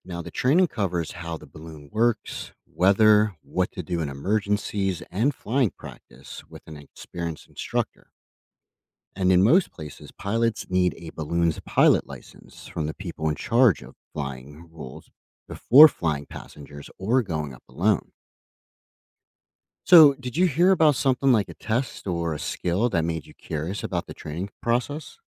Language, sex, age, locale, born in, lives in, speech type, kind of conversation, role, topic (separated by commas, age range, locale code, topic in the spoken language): English, male, 30-34, en-US, United States, United States, read, expert-assistant, expert, How does a hot air balloon rise and float?